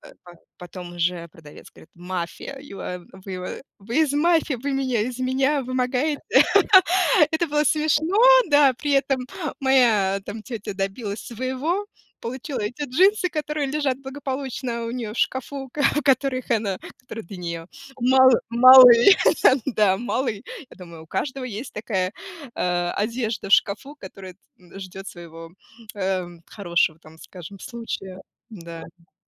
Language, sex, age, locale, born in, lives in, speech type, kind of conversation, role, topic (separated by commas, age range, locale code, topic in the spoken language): Russian, female, 35-39, ru-RU, Russia, United States, conversation, unstructured, none, Почему важно уметь вести переговоры о цене?